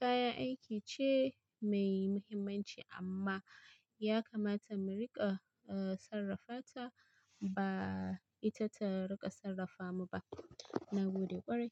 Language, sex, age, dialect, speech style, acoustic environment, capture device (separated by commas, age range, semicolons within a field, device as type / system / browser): Hausa, female, 25-34, Nigeria - Kano, happy; relaxed; focused; excited, people talking; nature; silence; appliances, mobile / Linux / Chrome